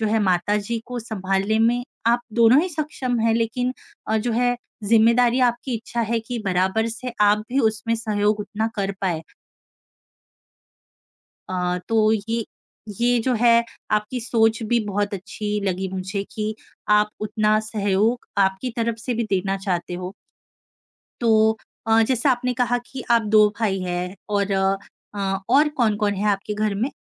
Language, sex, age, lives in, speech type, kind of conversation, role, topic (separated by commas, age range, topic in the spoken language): Hindi, female, 25-29, India, conversation, advice, advisor, परिवार में किसी बुजुर्ग की जिम्मेदारी अचानक संभालनी पड़ने पर आप इससे कैसे निपटते हैं?